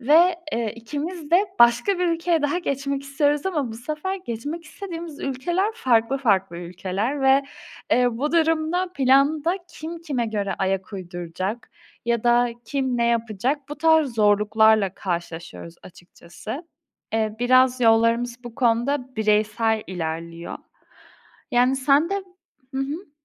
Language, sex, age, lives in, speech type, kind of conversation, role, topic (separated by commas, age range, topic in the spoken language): Turkish, female, 20-24, Poland, conversation, unstructured, none, Birlikte geleceği planlamak sana ne hissettiriyor?